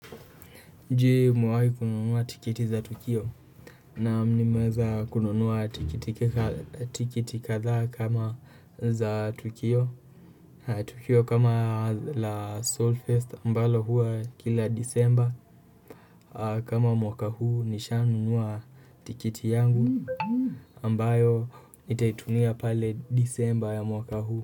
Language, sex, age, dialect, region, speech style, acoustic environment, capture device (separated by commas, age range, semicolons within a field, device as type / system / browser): Swahili, male, 18-24, Kenya - Kiambu, Kenya, nervous, nature, desktop / Windows / Chrome